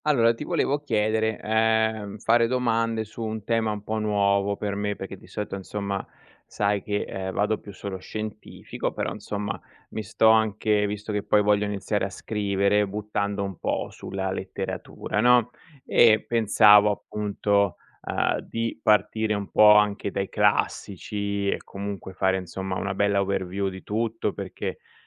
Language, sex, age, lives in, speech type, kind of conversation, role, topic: Italian, male, 40-44, Italy, read, expert-assistant, asker, In che modo la letteratura affronta il tema della perdita?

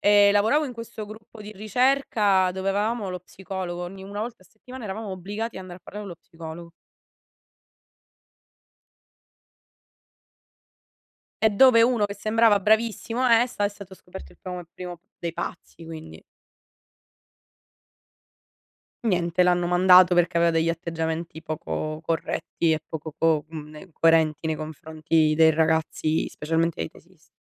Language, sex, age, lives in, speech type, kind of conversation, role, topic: Italian, female, 60-64, Italy, conversation, unstructured, none, Che cosa ti spaventa quando pensi al sistema scolastico?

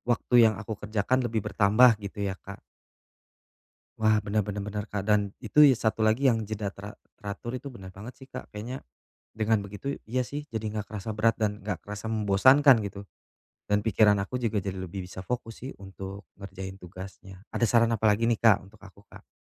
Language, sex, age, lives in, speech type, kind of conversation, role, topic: Indonesian, male, 35-39, Indonesia, conversation, advice, user, Bagaimana cara berhenti menunda tugas setiap hari?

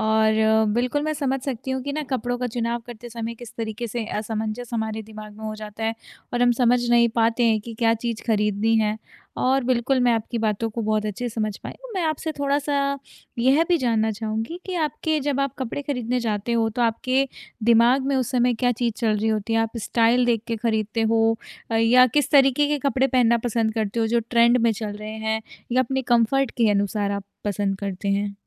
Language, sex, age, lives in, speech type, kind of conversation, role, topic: Hindi, female, 25-29, India, conversation, advice, advisor, खरीदारी में इतने विकल्पों में से सही चीज़ कैसे चुनूँ और निर्णय कैसे लूँ?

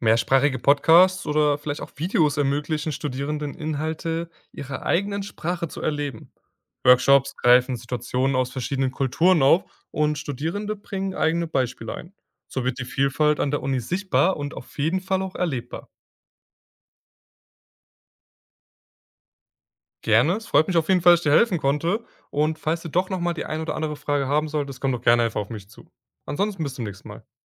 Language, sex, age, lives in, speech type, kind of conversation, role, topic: German, male, 20-24, Germany, read, expert-assistant, expert, Welche Podcasts oder Magazine erzählen spannend von Gesundheitsthemen, die die Gesellschaft betreffen?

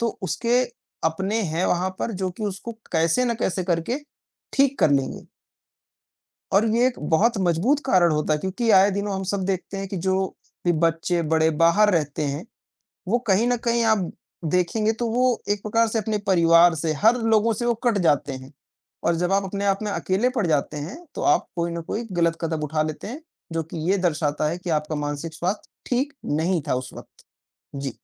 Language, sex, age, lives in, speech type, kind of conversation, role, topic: Hindi, male, 55-59, India, conversation, unstructured, none, आपके अनुसार मानसिक स्वास्थ्य क्यों ज़रूरी है?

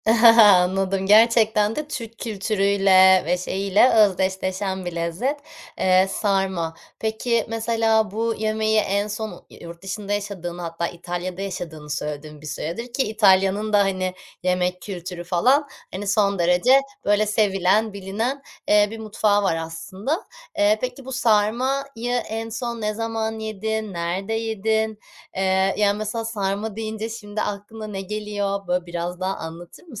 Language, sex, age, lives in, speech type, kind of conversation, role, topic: Turkish, female, 30-34, Netherlands, conversation, podcast, host, Hangi yemek sana ait hissettiriyor ve neden?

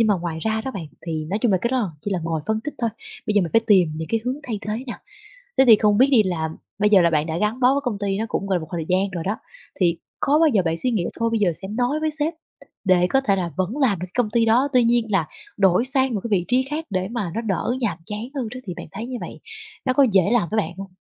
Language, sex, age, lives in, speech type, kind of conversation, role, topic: Vietnamese, female, 20-24, Vietnam, conversation, advice, advisor, Vì sao tôi luôn chần chừ xin nghỉ việc dù rất không hài lòng với chỗ làm hiện tại?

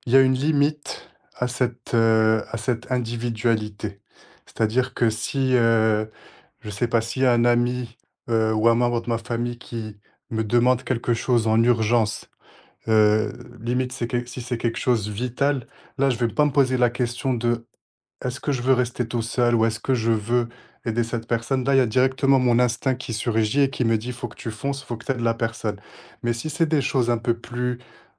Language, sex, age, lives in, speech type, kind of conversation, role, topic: French, male, 25-29, France, conversation, podcast, guest, Quel conseil donnerais-tu pour apprendre à dire non sans culpabiliser ?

